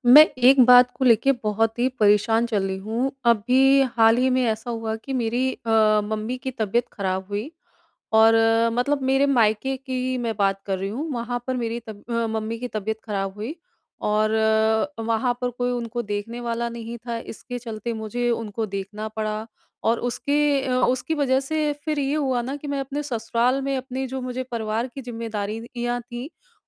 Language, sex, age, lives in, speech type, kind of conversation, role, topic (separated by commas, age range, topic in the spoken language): Hindi, female, 30-34, India, conversation, advice, user, मैं कैसे तय करूँ कि मेरे स्वास्थ्य और परिवार से जुड़े फैसलों पर मुझे बाद में पछतावा न हो?